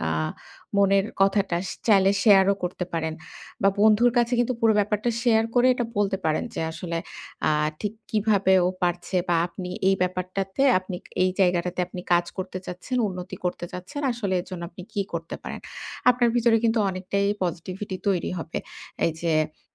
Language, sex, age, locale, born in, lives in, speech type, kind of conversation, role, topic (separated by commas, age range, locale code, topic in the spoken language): Bengali, female, 30-34, bn-BD, Bangladesh, Bangladesh, conversation, advice, advisor, আমি কীভাবে প্রতিদিন ধীরে ধীরে নিজের আত্মবিশ্বাস বাড়াতে পারি?